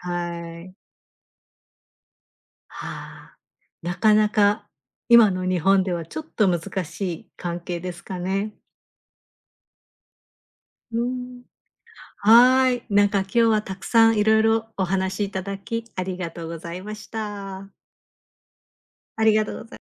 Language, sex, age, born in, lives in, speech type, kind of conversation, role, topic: Japanese, female, 50-54, Japan, Japan, conversation, podcast, host, 現地の家族に温かく迎え入れられたときのエピソードを教えていただけますか？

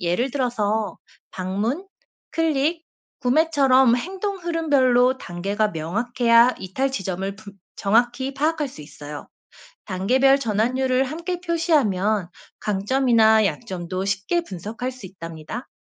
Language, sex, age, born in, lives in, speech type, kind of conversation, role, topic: Korean, female, 35-39, South Korea, South Korea, read, expert-assistant, expert, 마케팅 일을 하면서 자기 성장에 도움이 되는 습관이 있을까요?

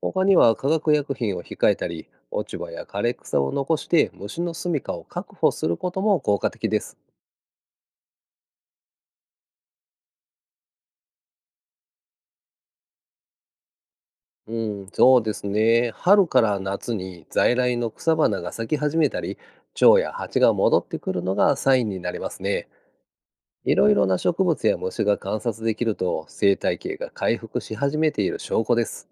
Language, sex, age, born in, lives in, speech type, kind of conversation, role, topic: Japanese, male, 50-54, Japan, Japan, read, expert-assistant, expert, 「生態系のバランス」とはよく言いますが、実際は何を指しているのでしょうか？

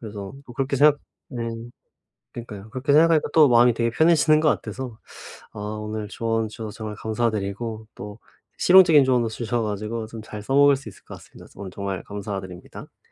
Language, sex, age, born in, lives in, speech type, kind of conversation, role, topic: Korean, male, 25-29, South Korea, South Korea, conversation, advice, user, 이사 일정과 해야 할 일을 어떻게 효율적으로 정리할 수 있을까요?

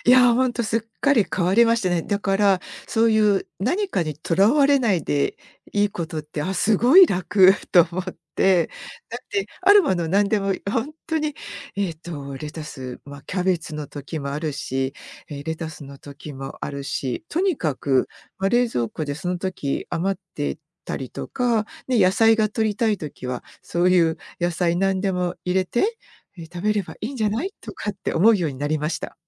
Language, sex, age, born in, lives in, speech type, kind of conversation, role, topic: Japanese, female, 55-59, Japan, United States, conversation, podcast, guest, 味噌汁にまつわる思い出は何ですか？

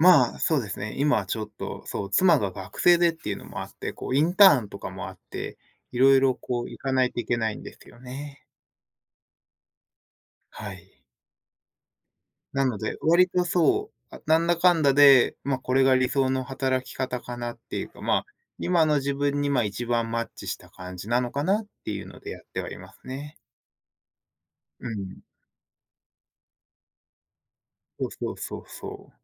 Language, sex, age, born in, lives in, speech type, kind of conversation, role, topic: Japanese, male, 30-34, Japan, United States, conversation, unstructured, none, 理想の働き方はどんなものですか？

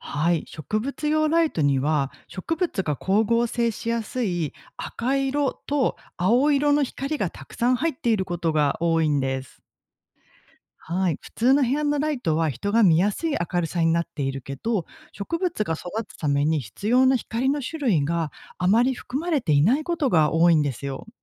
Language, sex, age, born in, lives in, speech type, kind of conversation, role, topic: Japanese, female, 40-44, Japan, Japan, read, expert-assistant, expert, どうして植物は光がないと育たないのですか？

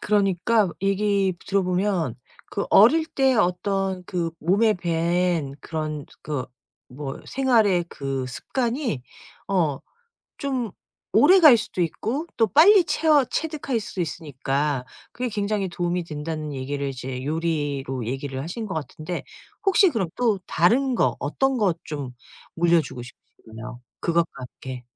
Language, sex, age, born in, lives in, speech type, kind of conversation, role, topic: Korean, female, 50-54, South Korea, United States, conversation, podcast, host, 손주들에게 꼭 물려주고 싶은 가치는 무엇인가요?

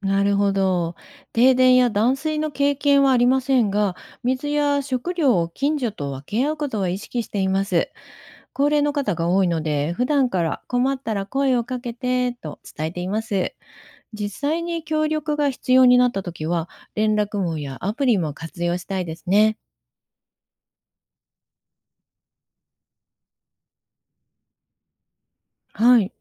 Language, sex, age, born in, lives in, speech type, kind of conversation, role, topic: Japanese, female, 55-59, Japan, Japan, read, expert-assistant, asker, 異常気象が増える現代、私たちの行動や価値観はどう変わると思いますか？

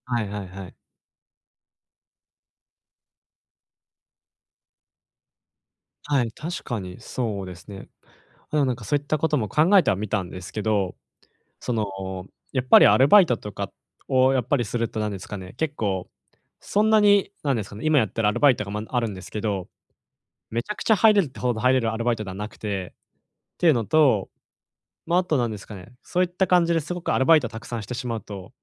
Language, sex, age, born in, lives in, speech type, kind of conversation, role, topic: Japanese, male, 20-24, Japan, Japan, conversation, advice, user, 短期の楽しみと長期の備えを両立するにはどうすればよいですか？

